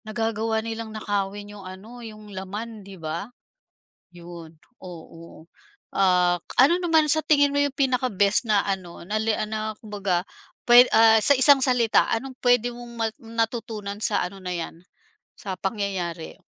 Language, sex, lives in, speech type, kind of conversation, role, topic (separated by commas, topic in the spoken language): Filipino, female, Philippines, conversation, podcast, host, Nawalan ka na ba ng telepono o gamit na elektroniko habang naglalakbay, at ano ang ginawa mo?